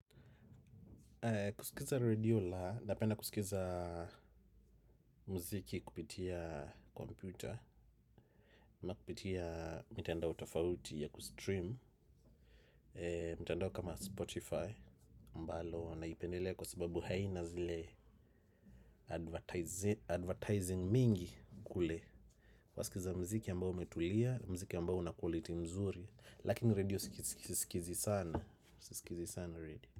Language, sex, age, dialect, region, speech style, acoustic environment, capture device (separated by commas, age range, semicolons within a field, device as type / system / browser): Swahili, male, 35-44, Kenya - Nairobi Sheng-influenced, Kenya, focused, silence, desktop / macOS / Chrome